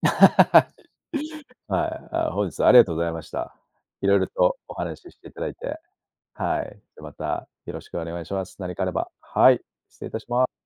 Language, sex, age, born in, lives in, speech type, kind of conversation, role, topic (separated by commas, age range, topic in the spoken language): Japanese, male, 35-39, Japan, Japan, conversation, podcast, host, 寝室の環境は普段どのように整えていますか？